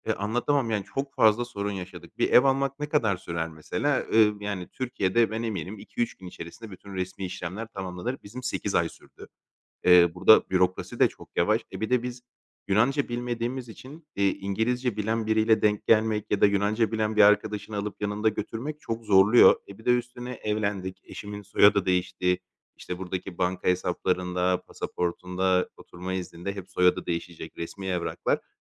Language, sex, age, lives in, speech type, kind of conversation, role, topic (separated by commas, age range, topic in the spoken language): Turkish, male, 30-34, Greece, conversation, advice, user, Yurt dışında resmi işlemlerde yaşadığım dil ve iletişim zorluklarını aşmam için nasıl yardımcı olabilirsiniz?